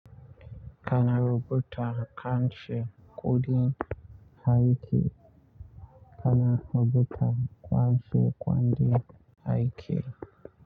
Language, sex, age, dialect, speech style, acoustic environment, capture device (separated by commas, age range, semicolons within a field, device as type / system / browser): Hausa, male, 25-34, Nigeria - Nigerian Standard, relaxed, nature, mobile / Linux / Chrome